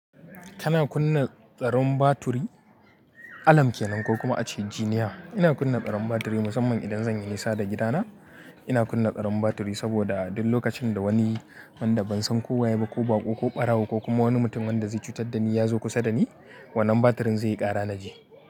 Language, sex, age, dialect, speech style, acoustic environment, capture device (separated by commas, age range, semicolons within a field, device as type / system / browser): Hausa, male, 25-34, Nigeria - Kano, happy; anxious; excited, people talking; nature, mobile / Linux / Chrome